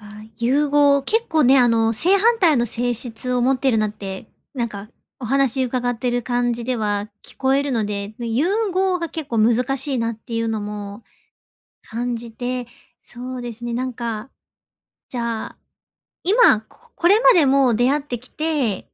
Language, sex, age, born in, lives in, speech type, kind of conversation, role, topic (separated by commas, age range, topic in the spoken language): Japanese, female, 30-34, Japan, Japan, conversation, advice, advisor, 社会のラベルと自分らしさのバランスはどう取ればいいですか？